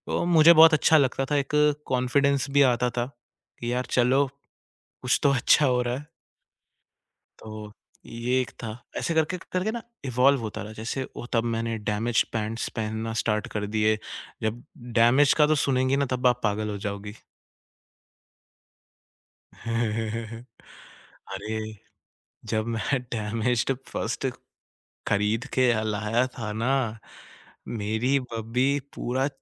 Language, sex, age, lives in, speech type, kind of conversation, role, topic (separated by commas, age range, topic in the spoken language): Hindi, male, 20-24, India, conversation, podcast, guest, Tumhara style kaise evolve hua, bataoge?